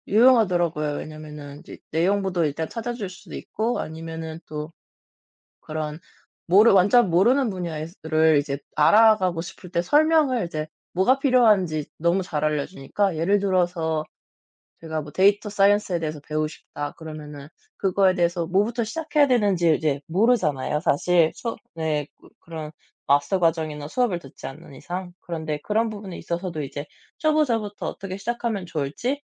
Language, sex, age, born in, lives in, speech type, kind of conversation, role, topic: Korean, male, 30-34, South Korea, France, conversation, unstructured, none, 기술이 바꿔 놓은 일상의 변화 중에서 가장 놀라운 것은 무엇인가요?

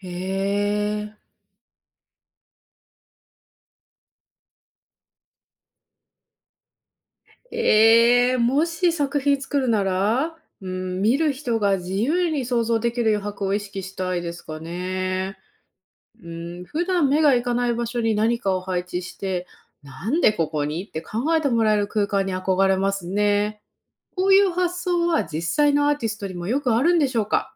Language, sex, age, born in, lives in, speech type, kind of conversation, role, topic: Japanese, female, 30-34, Japan, United States, read, expert-assistant, asker, 現代アートと伝統的なアート、どちらが心に残りますか？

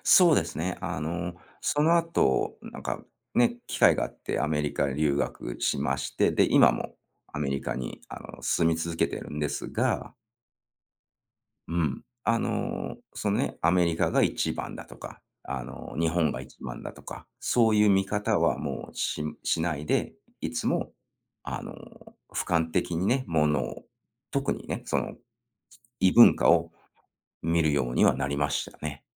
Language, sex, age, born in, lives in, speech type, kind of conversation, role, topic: Japanese, male, 45-49, Japan, United States, conversation, podcast, guest, 子どもの頃に好きだったテレビ番組の思い出は何ですか？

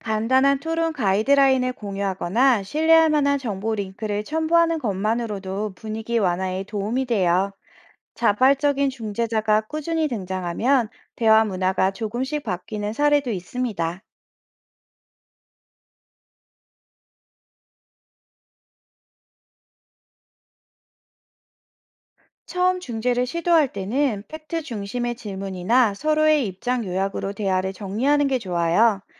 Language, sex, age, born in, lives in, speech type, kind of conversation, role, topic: Korean, female, 40-44, South Korea, France, read, expert-assistant, expert, SNS에서 보는 사회운동 소식, 진짜일까요?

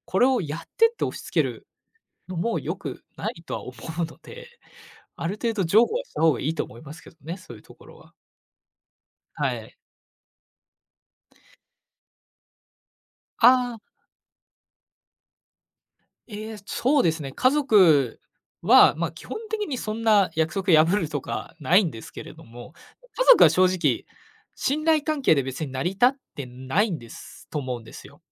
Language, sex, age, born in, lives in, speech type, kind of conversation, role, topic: Japanese, male, 20-24, Japan, Japan, conversation, podcast, guest, 約束を守ることには、どんな意味があるとお考えですか？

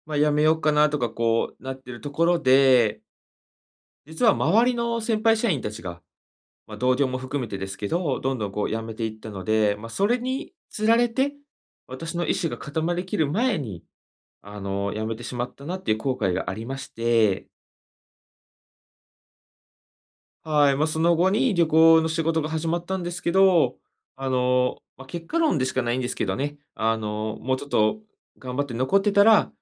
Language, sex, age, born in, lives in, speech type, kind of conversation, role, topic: Japanese, male, 25-29, Japan, Japan, conversation, advice, user, 失敗が怖くて新しい趣味や挑戦に踏み出せないとき、どうすれば一歩踏み出せますか？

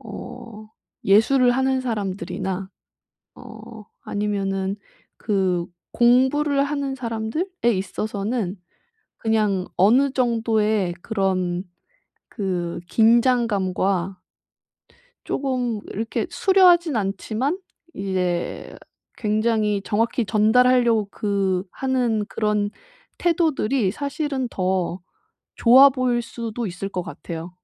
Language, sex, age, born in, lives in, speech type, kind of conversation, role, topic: Korean, female, 30-34, South Korea, Japan, conversation, advice, advisor, 어떻게 하면 말을 짧고 명확하게 전달할 수 있을까요?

